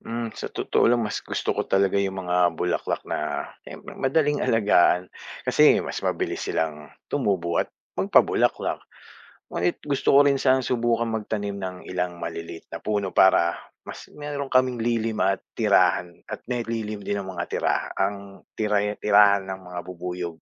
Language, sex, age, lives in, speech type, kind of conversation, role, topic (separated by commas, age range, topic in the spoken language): Filipino, male, 45-49, Philippines, read, expert-assistant, asker, Paano nakakatulong ang mga bubuyog sa pagpaparami ng mga bulaklak?